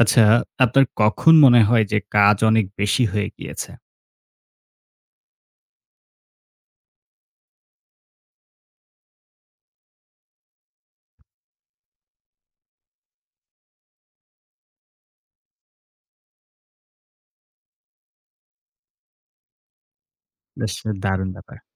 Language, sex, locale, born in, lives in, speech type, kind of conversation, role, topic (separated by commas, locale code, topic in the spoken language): Bengali, male, bn-BD, Bangladesh, Bangladesh, conversation, podcast, host, অতিরিক্ত কাজ বলতে কবে না বলবেন, তা কীভাবে ঠিক করেন?